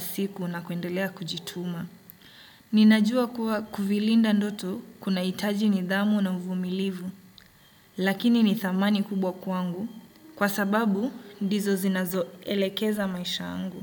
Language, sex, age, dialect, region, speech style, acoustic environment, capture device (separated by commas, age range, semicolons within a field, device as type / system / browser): Swahili, male, 25-34, Kenya - Mombasa, Kenya, happy, nature, desktop / Windows / Chrome